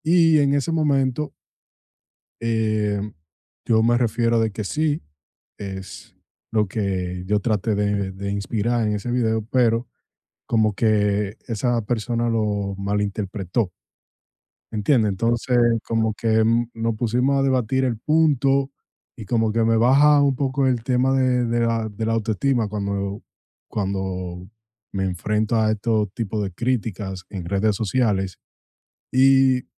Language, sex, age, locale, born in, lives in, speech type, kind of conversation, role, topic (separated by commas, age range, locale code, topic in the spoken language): Spanish, male, 25-29, es-DO, United States, United States, conversation, advice, user, ¿Cómo puedo proteger mi autoestima cuando recibo críticas en el trabajo?